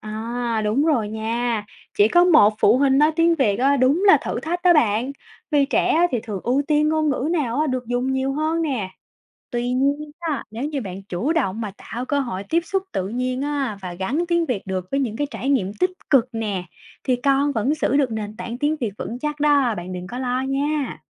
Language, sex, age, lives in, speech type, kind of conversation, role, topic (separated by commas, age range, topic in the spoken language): Vietnamese, female, 25-29, Vietnam, read, expert-assistant, expert, Làm sao nhận biết khi một ngôn ngữ trong gia đình mình đang dần bị quên lãng?